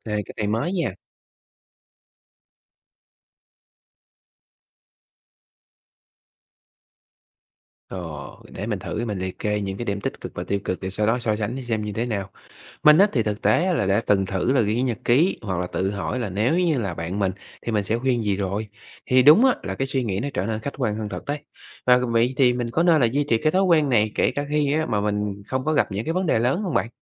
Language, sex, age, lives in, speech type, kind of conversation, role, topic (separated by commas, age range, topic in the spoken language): Vietnamese, male, 25-29, Vietnam, read, expert-assistant, asker, Nếu cần quyết định lớn, bạn nghĩ lời khuyên từ các tôn giáo lớn sẽ giống hay khác nhau?